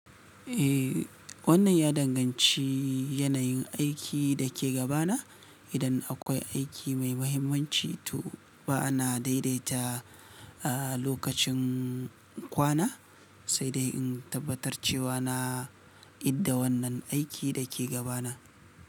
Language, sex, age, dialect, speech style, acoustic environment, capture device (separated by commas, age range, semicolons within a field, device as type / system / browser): Hausa, male, 35-44, Nigeria - Kano, relaxed; excited, silence; nature, mobile / Linux / Chrome